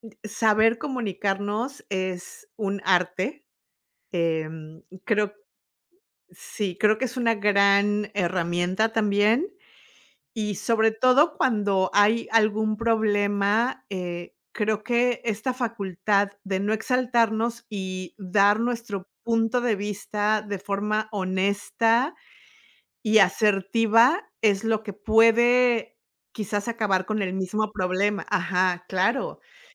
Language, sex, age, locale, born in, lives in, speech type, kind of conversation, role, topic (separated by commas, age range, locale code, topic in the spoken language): Spanish, female, 50-54, es-MX, Mexico, United States, conversation, unstructured, none, ¿Qué papel juega la comunicación al momento de resolver problemas?